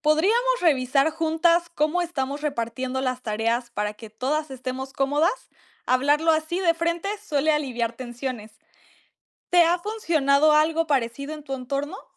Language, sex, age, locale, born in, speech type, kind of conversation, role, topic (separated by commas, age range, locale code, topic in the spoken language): Spanish, female, 18-19, es-MX, Mexico, read, expert-assistant, expert, ¿Cuáles son los pros y contras de unirse a un movimiento social en tu comunidad?